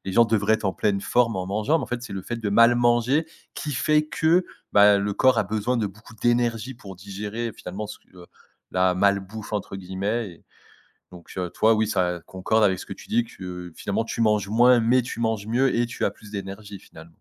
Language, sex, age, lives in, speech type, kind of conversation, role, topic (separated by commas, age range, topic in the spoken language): French, male, 30-34, France, conversation, podcast, host, Quelles petites routines t’aident à rester solide au quotidien ?